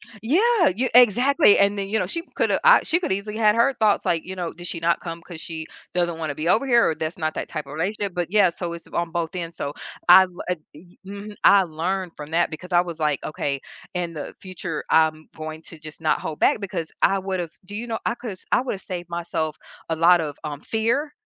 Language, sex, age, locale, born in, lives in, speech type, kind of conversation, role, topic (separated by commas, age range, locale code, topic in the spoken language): English, female, 45-49, en-US, United States, United States, conversation, podcast, host, How can neighbors create a stronger sense of trust and community with each other?